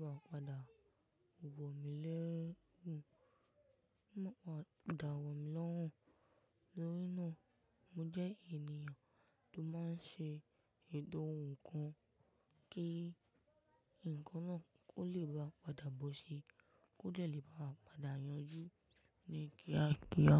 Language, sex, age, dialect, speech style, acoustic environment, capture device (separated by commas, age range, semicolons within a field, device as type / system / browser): Yoruba, female, 18-24, Nigeria - Ibadan, relaxed, people talking, mobile / macOS / Safari